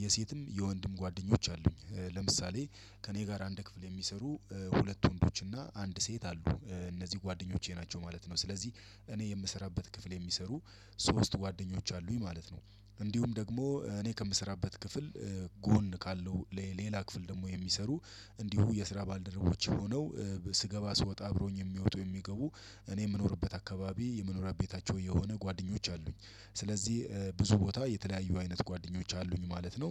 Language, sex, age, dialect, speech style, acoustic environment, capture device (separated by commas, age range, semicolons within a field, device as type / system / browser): Amharic, male, 35-44, Ethiopia - Addis Ababa, relaxed; happy; excited, nature; silence, desktop / Windows / Chrome